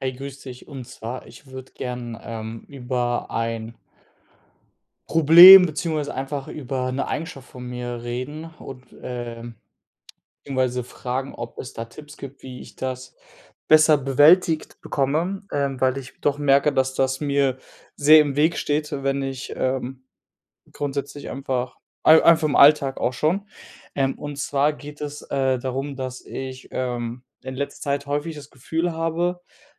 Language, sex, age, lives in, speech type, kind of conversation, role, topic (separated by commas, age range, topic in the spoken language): German, male, 25-29, Germany, conversation, advice, user, Welche schnellen Beruhigungsstrategien helfen bei emotionaler Überflutung?